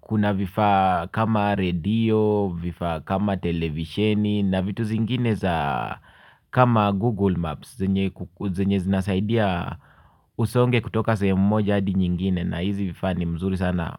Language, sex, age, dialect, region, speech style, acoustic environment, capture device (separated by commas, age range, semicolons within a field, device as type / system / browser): Swahili, male, 45-54, Kenya - Nairobi Sheng-influenced, Kenya, happy, silence, mobile / Linux / Chrome